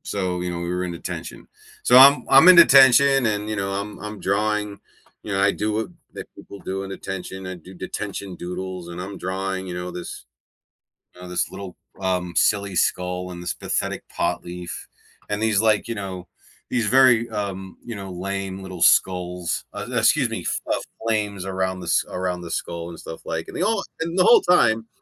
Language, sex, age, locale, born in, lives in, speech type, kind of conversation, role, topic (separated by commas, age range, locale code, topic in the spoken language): English, male, 45-49, en-US, United States, United States, conversation, advice, user, How can I maintain and deepen a renewed friendship after reconnecting with an old friend?